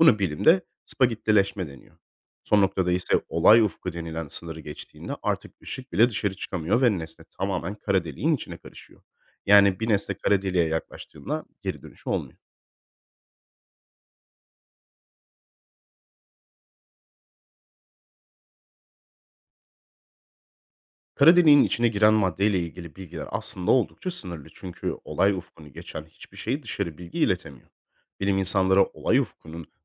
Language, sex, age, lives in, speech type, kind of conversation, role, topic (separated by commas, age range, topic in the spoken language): Turkish, male, 25-29, Spain, read, expert-assistant, expert, Kara delikler nasıl oluşur ve evreni nasıl etkiler?